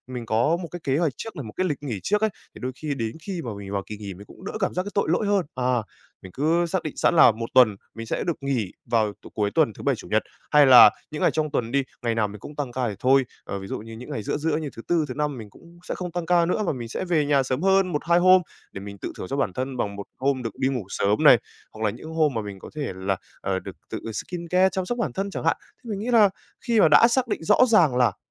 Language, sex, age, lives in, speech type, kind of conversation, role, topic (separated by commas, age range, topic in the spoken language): Vietnamese, male, 18-19, Vietnam, conversation, advice, advisor, Vì sao bạn cảm thấy tội lỗi khi nghỉ ngơi vì sợ bị tụt lại phía sau?